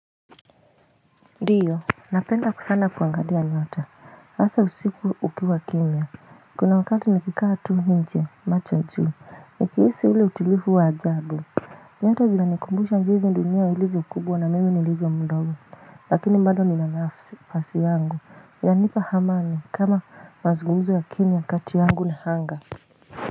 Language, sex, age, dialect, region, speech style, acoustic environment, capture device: Swahili, female, 25-34, Kenya - Nairobi Sheng-influenced, Kenya, happy, silence, mobile / Linux / Chrome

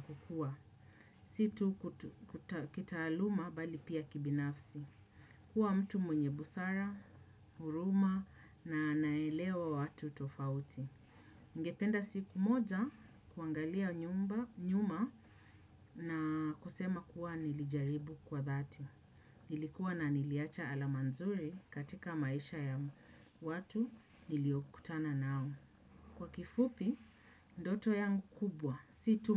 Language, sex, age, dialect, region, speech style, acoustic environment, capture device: Swahili, female, 35-44, Kenya - Nairobi Sheng-influenced, Kenya, happy; relaxed; focused; excited, people talking; nature; appliances; silence, desktop / Windows / Chrome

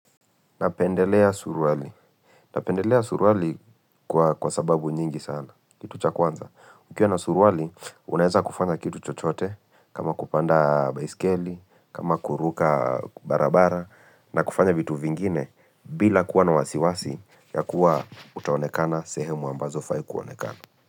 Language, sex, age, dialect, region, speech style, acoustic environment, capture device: Swahili, male, 25-34, Kenya - Nairobi Sheng-influenced, Kenya, focused; relaxed, silence, mobile / Linux / Chrome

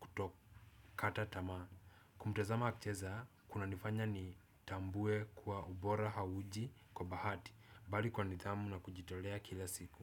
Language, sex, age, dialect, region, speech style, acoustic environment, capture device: Swahili, male, 18-24, Kenya - Nairobi Sheng-influenced, Kenya, relaxed, silence, desktop / Windows / Chrome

